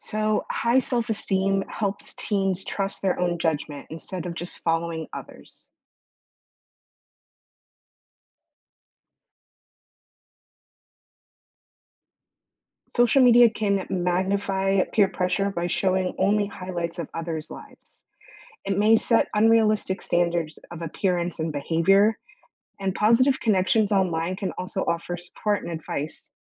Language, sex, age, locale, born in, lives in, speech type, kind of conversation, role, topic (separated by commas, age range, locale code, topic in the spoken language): English, female, 30-34, en-US, United States, United States, read, expert-assistant, expert, Explain the importance of peer pressure during adolescence.